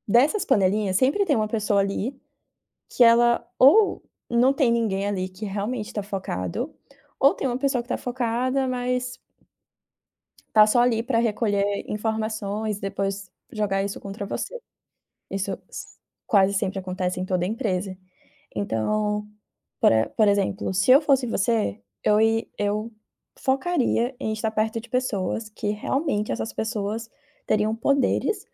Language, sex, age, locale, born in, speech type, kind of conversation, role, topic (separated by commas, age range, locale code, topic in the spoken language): Portuguese, female, 25-29, pt-BR, Brazil, conversation, advice, advisor, Como posso construir resiliência emocional diante de críticas e manter minha autoestima?